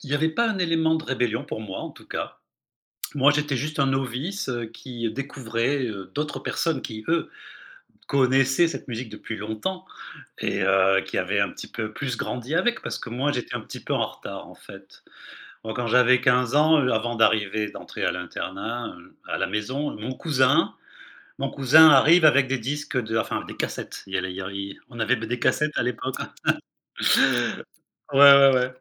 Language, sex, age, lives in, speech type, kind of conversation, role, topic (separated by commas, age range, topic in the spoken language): French, male, 55-59, Spain, conversation, podcast, guest, Comment ton entourage a-t-il fait évoluer tes goûts musicaux ?